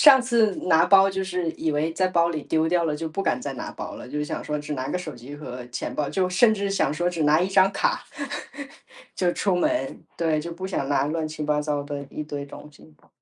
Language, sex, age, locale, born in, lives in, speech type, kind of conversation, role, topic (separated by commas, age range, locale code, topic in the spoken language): Chinese, female, 35-39, zh-CN, China, United States, conversation, podcast, guest, 你能分享一次让你哭笑不得的旅行囧事吗？